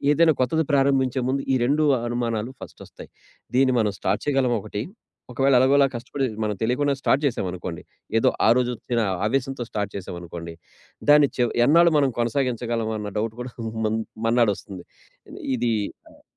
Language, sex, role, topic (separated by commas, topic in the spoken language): Telugu, male, guest, పాత అలవాట్లను విడిచిపెట్టి కొత్తది నేర్చుకోవడానికి ఉపయోగపడే చిన్న చిట్కాలు ఏమైనా ఉన్నాయా?